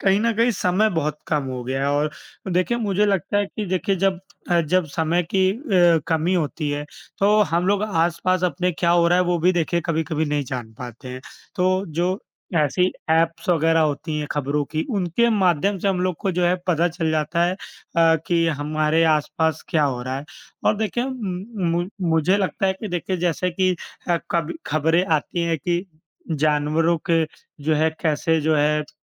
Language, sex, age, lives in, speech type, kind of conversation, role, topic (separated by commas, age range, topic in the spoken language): Hindi, male, 25-29, India, conversation, unstructured, none, क्या आपको लगता है कि खबरें समाज में बदलाव लाने में मदद करती हैं?